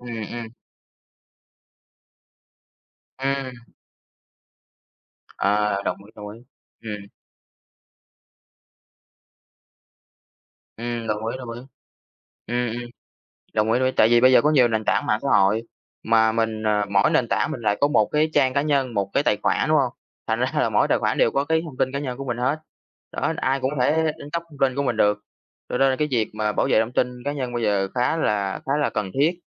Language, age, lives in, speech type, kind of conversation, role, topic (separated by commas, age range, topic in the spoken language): Vietnamese, 20-24, Vietnam, conversation, unstructured, none, Công nghệ có khiến xã hội trở nên bất bình đẳng hơn không?